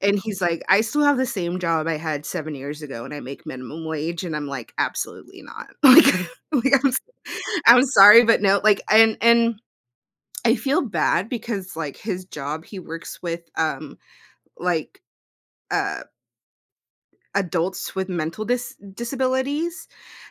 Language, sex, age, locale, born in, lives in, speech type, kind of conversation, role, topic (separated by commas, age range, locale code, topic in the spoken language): English, female, 30-34, en-US, United States, United States, conversation, advice, user, How can I improve communication with my partner and reduce misunderstandings?